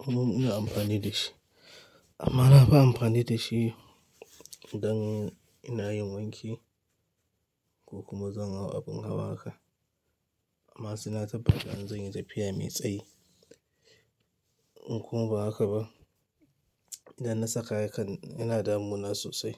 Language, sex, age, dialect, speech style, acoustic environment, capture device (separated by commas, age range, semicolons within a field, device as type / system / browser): Hausa, male, 25-34, Nigeria - Kano, happy; anxious; tired; relaxed; stressed; focused; excited; nervous, people talking; music; nature; silence; appliances; construction; traffic, mobile / Linux / Chrome